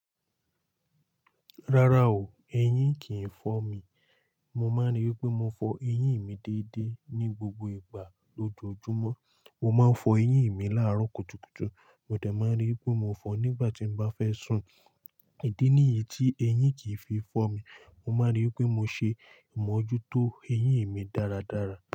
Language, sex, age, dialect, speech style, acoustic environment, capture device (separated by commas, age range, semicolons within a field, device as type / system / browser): Yoruba, male, 18-24, Nigeria - Lagos Yoruba, focused, nature, mobile / Linux / Chrome